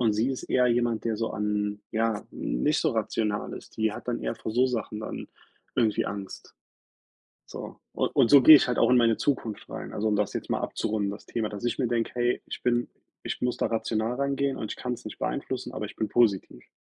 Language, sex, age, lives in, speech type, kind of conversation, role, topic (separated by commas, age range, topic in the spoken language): German, male, 30-34, United States, conversation, unstructured, none, Wovor hast du mit Blick auf deine Zukunft am meisten Angst?